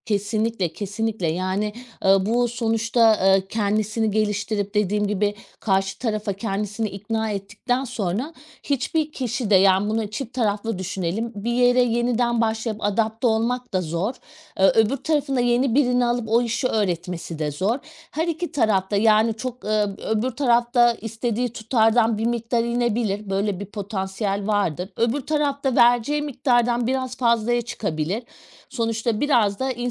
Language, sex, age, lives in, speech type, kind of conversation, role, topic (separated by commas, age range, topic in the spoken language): Turkish, female, 40-44, Portugal, conversation, podcast, guest, Maaş pazarlığına nasıl yaklaşırsın?